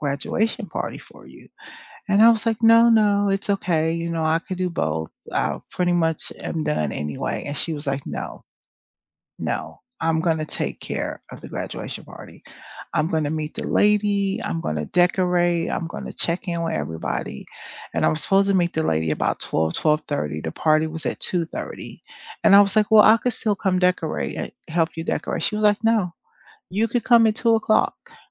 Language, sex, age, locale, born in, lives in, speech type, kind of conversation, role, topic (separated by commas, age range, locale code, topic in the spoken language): English, female, 50-54, en-US, United States, United States, conversation, unstructured, none, How can you build a support circle you can rely on?